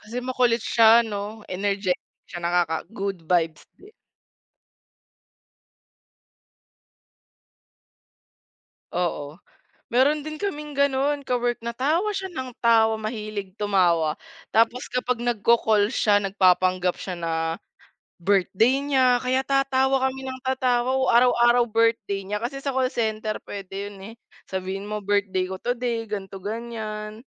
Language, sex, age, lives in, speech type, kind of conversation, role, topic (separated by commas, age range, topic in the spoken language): Filipino, female, 20-24, Philippines, conversation, unstructured, none, Ano ang mga simpleng bagay na nagpapasaya sa iyo sa opisina?